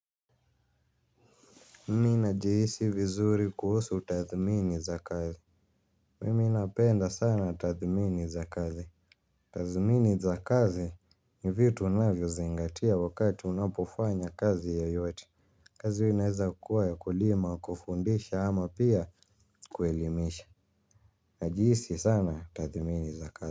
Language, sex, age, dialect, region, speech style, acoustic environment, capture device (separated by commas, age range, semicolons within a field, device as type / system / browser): Swahili, male, 18-24, Kenya - Nairobi Sheng-influenced, Kenya, happy, people talking, mobile / Linux / Chrome